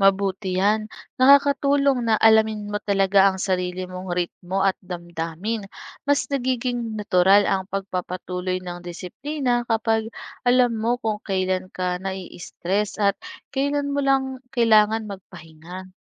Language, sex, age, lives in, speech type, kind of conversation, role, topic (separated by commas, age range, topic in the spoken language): Filipino, female, 25-29, Philippines, read, expert-assistant, expert, Ano ang mga paraan upang mapanatili ang disiplina sa sarili?